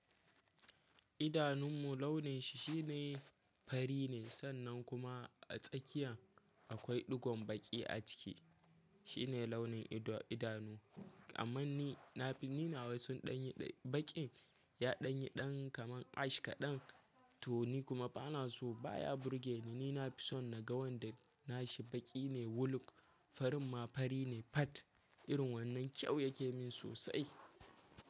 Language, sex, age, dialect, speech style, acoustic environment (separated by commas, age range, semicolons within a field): Hausa, male, 18-24, Nigeria - Kano, happy, silence